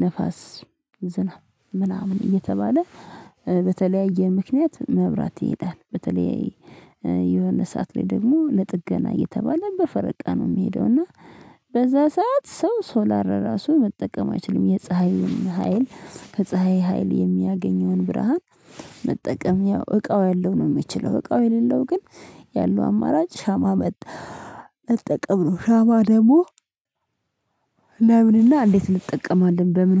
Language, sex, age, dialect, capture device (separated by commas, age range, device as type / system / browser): Amharic, female, 25-34, Ethiopia - Addis Ababa, mobile / Linux / Chrome